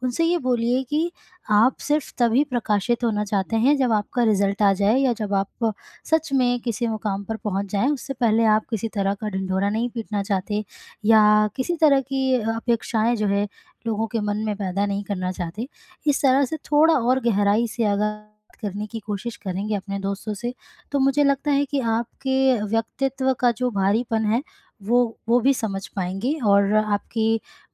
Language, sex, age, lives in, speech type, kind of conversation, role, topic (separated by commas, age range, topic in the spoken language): Hindi, female, 25-29, India, conversation, advice, advisor, मैं दोस्तों की अपेक्षाओं के दबाव को कैसे संभालूँ?